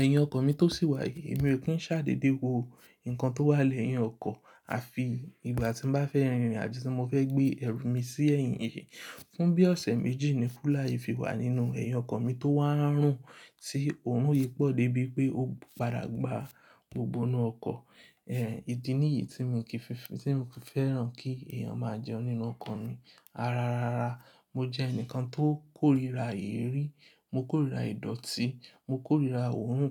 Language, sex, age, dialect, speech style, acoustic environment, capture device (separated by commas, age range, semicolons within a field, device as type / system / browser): Yoruba, male, 25-34, Nigeria - Lagos Yoruba, relaxed, silence, mobile / Linux / Chrome